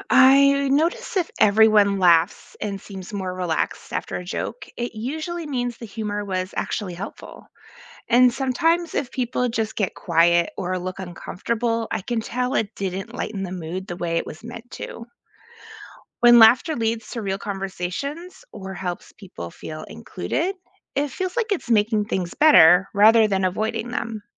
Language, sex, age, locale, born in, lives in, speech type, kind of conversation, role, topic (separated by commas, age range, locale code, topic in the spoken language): English, female, 40-44, en-US, United States, United States, read, expert-assistant, asker, How does laughter contribute to emotional and mental health?